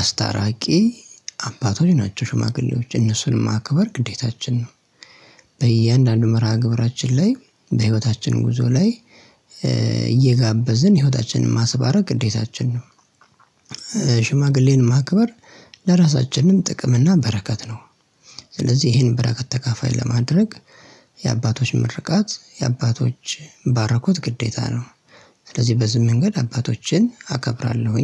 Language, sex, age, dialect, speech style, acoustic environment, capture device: Amharic, male, 25-34, Ethiopia - Addis Ababa, relaxed, silence, mobile / Linux / Chrome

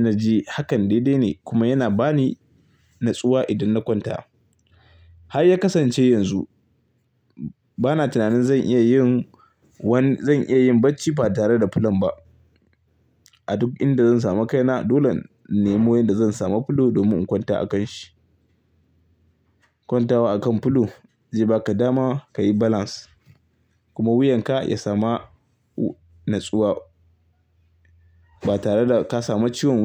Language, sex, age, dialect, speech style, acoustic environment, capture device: Hausa, male, 25-34, Nigeria - Kano, happy, silence; people talking, mobile / Linux / Chrome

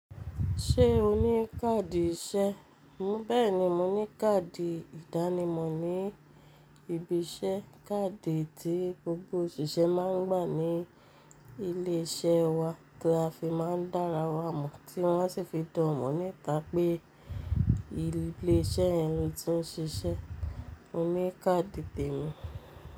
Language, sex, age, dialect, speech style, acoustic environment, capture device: Yoruba, female, 35-44, Nigeria - Lagos Yoruba, relaxed, silence, mobile / Linux / Chrome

